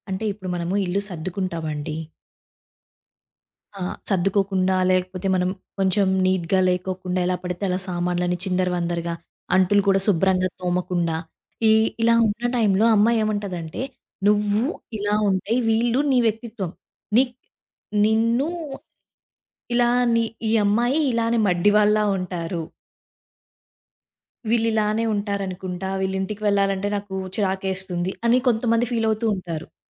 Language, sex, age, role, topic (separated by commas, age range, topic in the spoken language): Telugu, female, 20-24, guest, మీ పని మీ వ్యక్తిత్వాన్ని ఎలా ప్రతిబింబిస్తుంది?